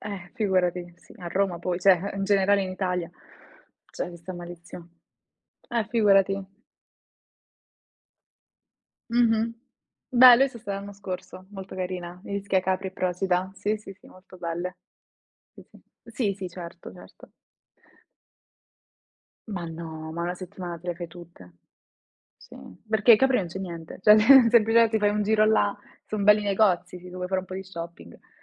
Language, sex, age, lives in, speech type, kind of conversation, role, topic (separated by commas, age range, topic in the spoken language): Italian, female, 20-24, Italy, conversation, unstructured, none, Come descriveresti il tuo stile personale?